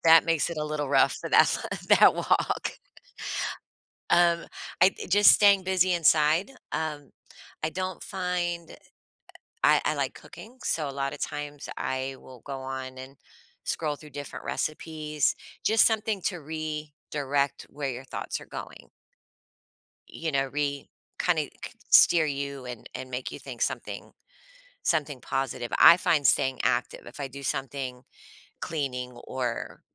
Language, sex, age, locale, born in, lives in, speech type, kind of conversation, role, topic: English, female, 45-49, en-US, United States, United States, conversation, podcast, guest, How do small daily habits impact your overall well-being?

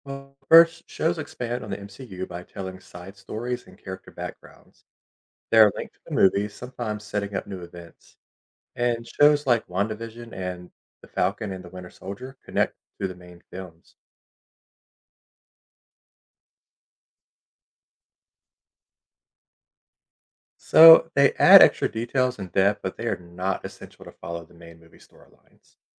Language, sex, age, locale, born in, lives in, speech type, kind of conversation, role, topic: English, male, 30-34, en-US, United States, United States, read, expert-assistant, expert, Explain how the Marvel Cinematic Universe (MCU) is structured.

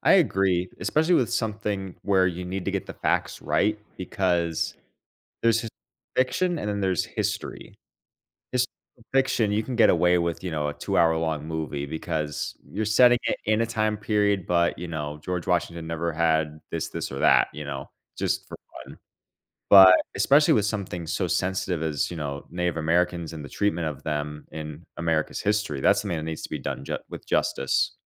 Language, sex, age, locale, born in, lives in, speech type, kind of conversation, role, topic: English, male, 25-29, en-US, United States, United States, conversation, unstructured, none, Which movie’s pacing should I study to improve my scene rhythm?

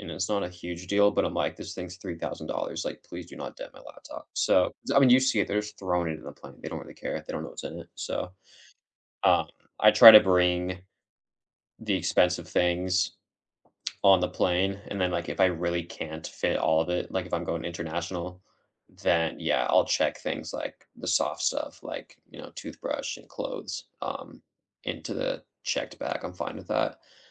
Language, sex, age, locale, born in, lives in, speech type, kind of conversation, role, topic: English, male, 25-29, en-US, United States, United States, conversation, unstructured, none, What packing hacks help you travel light without missing essentials?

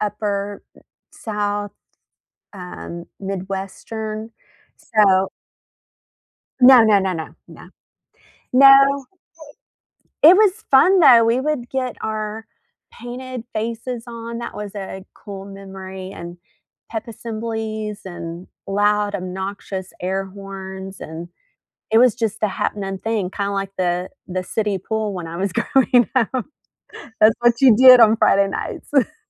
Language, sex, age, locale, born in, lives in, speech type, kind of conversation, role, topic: English, female, 45-49, en-US, United States, United States, conversation, unstructured, none, What is a childhood memory that always makes you smile?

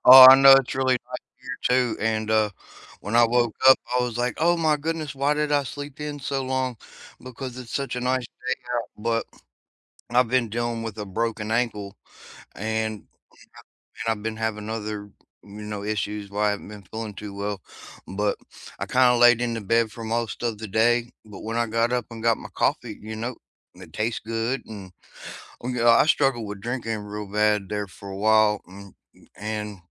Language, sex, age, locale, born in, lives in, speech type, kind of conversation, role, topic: English, male, 40-44, en-US, United States, United States, conversation, unstructured, none, What has been the highlight of your day so far, and why did it matter to you?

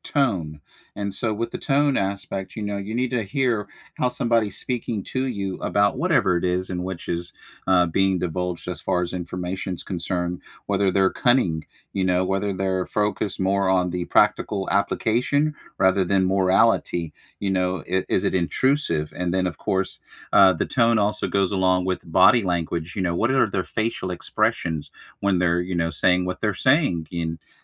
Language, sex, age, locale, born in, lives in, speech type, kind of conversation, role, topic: English, male, 40-44, en-US, United States, United States, conversation, unstructured, none, How do you learn best: through videos, reading, or hands-on practice?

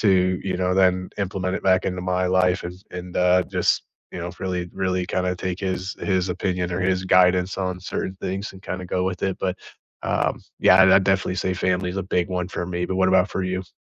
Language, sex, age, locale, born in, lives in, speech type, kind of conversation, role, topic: English, male, 30-34, en-US, United States, United States, conversation, unstructured, none, Who shaped your outlook on life, and how do you keep those connections alive today?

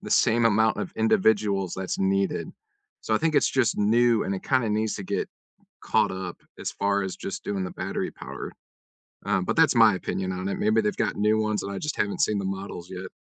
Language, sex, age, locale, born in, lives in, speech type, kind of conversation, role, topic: English, male, 35-39, en-US, United States, United States, conversation, unstructured, none, How do everyday choices and community policies shape how you care for the environment?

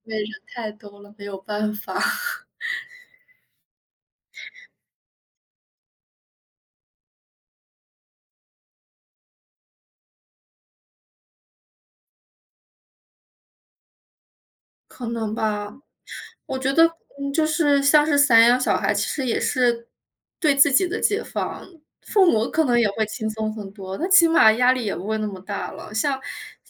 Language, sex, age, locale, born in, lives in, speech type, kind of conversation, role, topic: Chinese, female, 25-29, zh-CN, China, Germany, conversation, unstructured, none, 家长对学习成绩的期望会给学生带来压力吗？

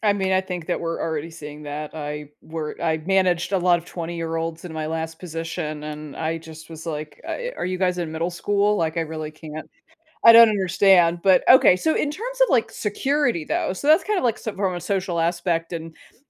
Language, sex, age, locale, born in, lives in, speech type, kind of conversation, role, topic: English, female, 40-44, en-US, United States, United States, conversation, podcast, host, How can we develop simple habits to protect ourselves online?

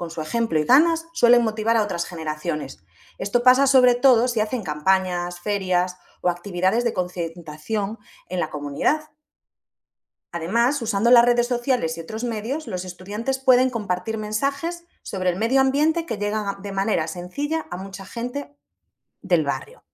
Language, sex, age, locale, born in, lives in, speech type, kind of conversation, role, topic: Spanish, female, 30-34, es-ES, Spain, Portugal, read, expert-assistant, expert, ¿De qué forma la educación ambiental puede cambiar los hábitos de una comunidad?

